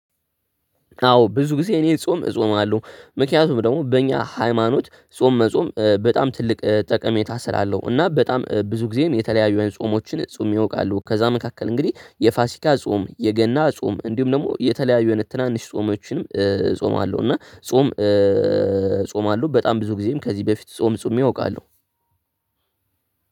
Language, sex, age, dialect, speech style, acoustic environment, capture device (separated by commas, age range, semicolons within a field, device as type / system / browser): Amharic, male, 18-24, Ethiopia - Addis Ababa, happy; relaxed; tired, nature, desktop / Linux / Chrome